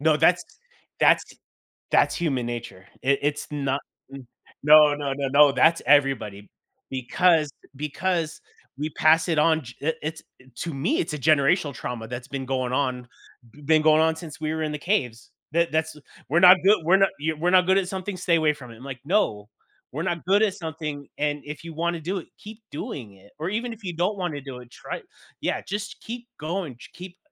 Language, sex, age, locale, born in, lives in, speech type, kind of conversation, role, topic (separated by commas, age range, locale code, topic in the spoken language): English, male, 35-39, en-US, United States, United States, conversation, podcast, guest, How can understanding your personality help you discover fulfilling hobbies?